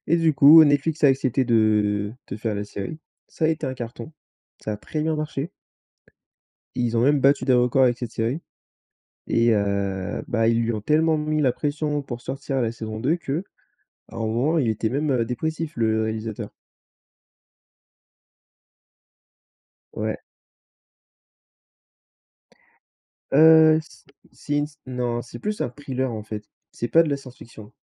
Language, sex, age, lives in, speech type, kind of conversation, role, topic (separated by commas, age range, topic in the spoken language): French, male, 20-24, France, conversation, unstructured, none, Quel est ton souvenir préféré associé à un film ou à une chanson ?